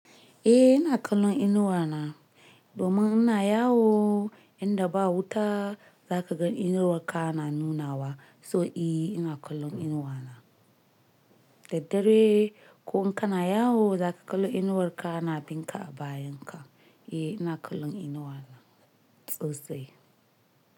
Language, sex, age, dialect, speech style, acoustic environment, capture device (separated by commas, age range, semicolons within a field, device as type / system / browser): Hausa, male, 25-34, Nigeria - Nigerian Standard, nervous, appliances, mobile / Linux / Chrome